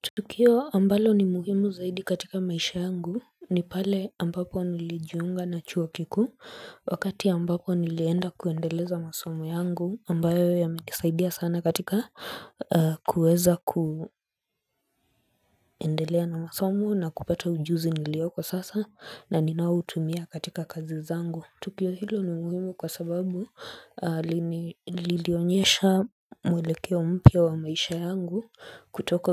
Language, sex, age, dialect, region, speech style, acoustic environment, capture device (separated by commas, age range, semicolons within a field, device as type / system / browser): Swahili, female, 25-34, Kenya - Nairobi Sheng-influenced, Kenya, focused, silence, mobile / Linux / Chrome